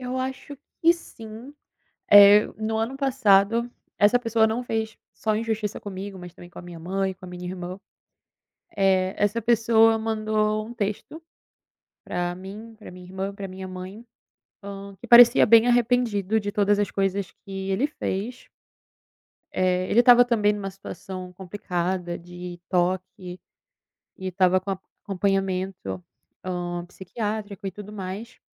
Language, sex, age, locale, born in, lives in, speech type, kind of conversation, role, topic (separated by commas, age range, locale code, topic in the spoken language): Portuguese, female, 20-24, pt-BR, Brazil, Italy, conversation, unstructured, none, Qual foi a coisa mais injusta que já aconteceu com você?